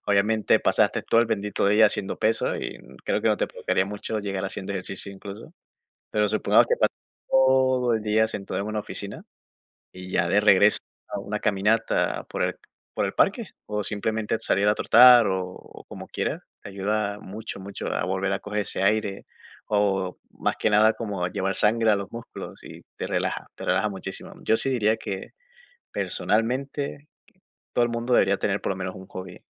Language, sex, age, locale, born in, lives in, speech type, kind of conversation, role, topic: Spanish, male, 25-29, es-MX, Venezuela, United States, conversation, unstructured, none, ¿Qué tan importante es para ti tener un pasatiempo en tu vida diaria?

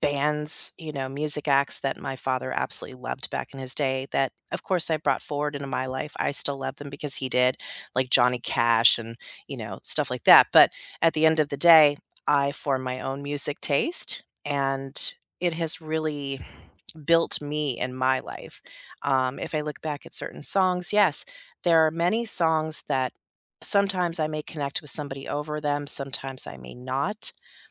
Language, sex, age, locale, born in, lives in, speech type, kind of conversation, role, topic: English, female, 50-54, en-US, United States, United States, conversation, unstructured, none, How have music, art, and sports shaped your learning journey and helped you connect with others?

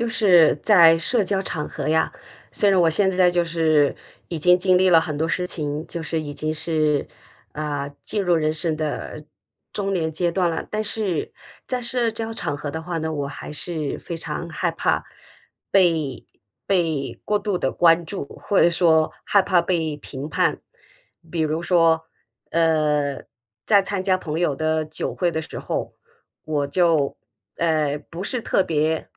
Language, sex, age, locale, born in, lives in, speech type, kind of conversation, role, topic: Chinese, female, 50-54, zh-CN, China, United States, conversation, advice, user, 在社交场合总是害怕被评判或被人注视，我该怎么办？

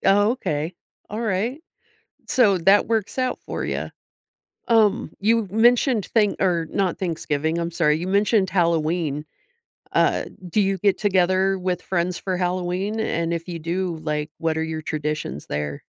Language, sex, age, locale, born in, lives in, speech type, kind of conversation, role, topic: English, female, 45-49, en-US, United States, United States, conversation, podcast, host, Why do shared traditions play such an important role in connecting people?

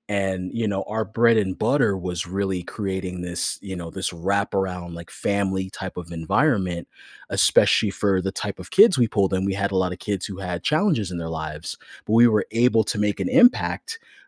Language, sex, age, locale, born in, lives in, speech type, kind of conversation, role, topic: English, male, 35-39, en-US, United States, United States, conversation, podcast, host, What helps people feel included and comfortable when joining a new community?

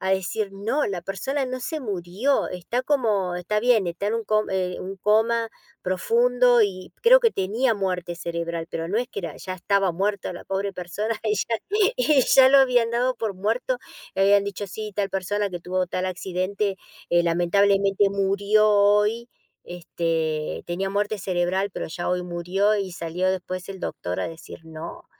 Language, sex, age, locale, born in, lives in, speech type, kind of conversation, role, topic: Spanish, female, 55-59, es-AR, Argentina, United States, conversation, unstructured, none, ¿Cómo crees que las noticias falsas afectan a la sociedad?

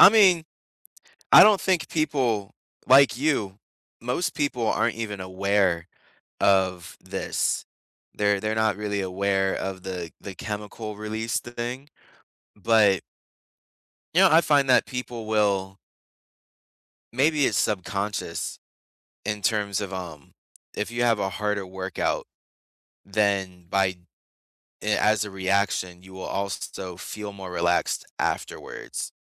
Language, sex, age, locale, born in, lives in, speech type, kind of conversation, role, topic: English, male, 25-29, en-US, United States, United States, conversation, unstructured, none, What is surprising about how exercise can reduce stress?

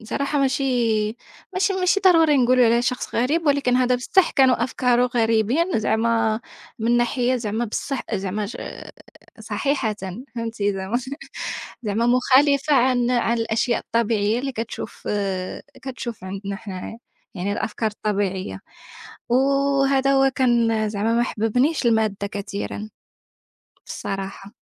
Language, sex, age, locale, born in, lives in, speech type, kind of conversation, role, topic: Arabic, female, 25-29, ar-MA, Morocco, France, conversation, unstructured, none, إيه اللي بيخليك تحس بالسعادة وإنت بتذاكر؟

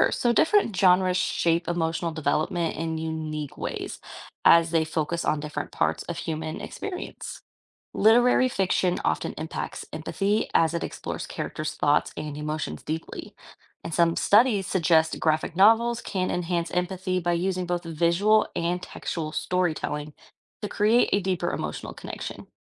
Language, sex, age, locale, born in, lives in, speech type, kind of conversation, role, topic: English, female, 25-29, en-US, United States, United States, read, expert-assistant, expert, How does reading fiction enhance empathy and understanding?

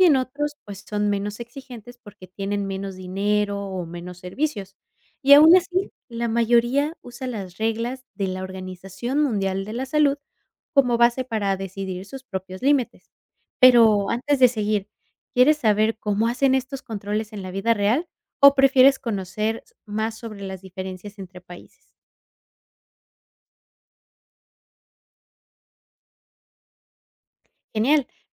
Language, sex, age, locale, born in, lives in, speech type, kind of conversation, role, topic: Spanish, female, 25-29, es-MX, Mexico, United States, read, expert-assistant, expert, Describe el proceso de desalinización y su utilidad en zonas áridas.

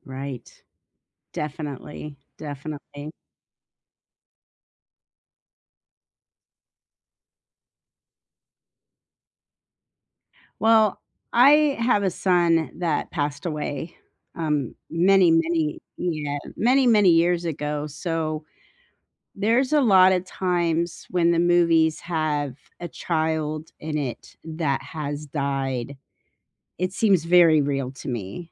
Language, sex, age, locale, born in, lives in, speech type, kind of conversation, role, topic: English, female, 55-59, en-US, United States, United States, conversation, unstructured, none, How do sad moments in stories teach us about real life?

